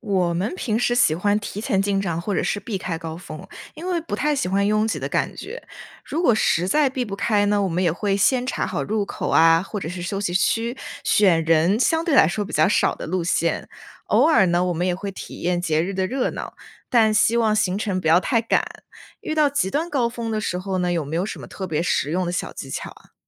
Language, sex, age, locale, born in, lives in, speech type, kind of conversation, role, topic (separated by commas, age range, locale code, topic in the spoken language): Chinese, female, 20-24, zh-CN, China, United States, read, expert-assistant, asker, 计划多代同游时，你通常采用哪种行程安排方式来平衡大家的兴趣？